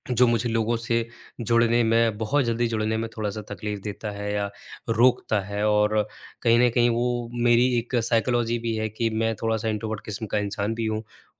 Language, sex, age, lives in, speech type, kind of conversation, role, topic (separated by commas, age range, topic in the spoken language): Hindi, male, 25-29, India, conversation, advice, user, दोस्तों की कमी और नए लोगों से जुड़ने में परेशानी क्यों होती है?